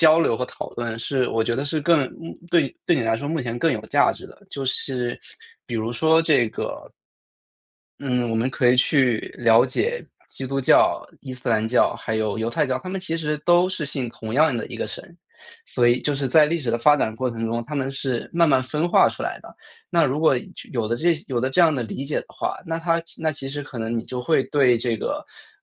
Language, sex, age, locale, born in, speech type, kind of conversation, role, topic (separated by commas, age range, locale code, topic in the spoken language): Chinese, male, 25-29, zh-CN, China, conversation, advice, advisor, 当我开始怀疑自己的信仰或精神信念时，我该如何探索并弄清个人意义？